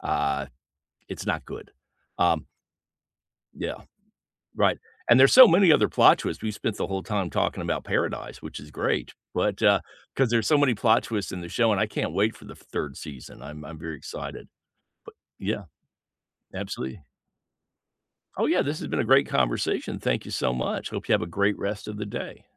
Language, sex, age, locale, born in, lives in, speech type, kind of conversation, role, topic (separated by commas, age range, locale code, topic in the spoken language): English, male, 60-64, en-US, United States, United States, conversation, unstructured, none, What plot twists have shocked you, without spoilers—just vibes?